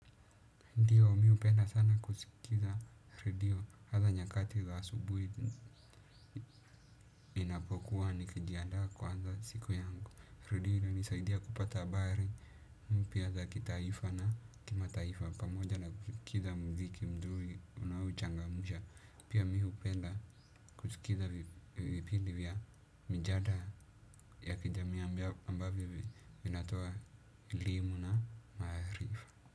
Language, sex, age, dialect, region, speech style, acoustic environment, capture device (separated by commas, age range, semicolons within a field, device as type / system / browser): Swahili, male, 18-24, Kenya - Nairobi Sheng-influenced, Kenya, happy, silence, desktop / Windows / Chrome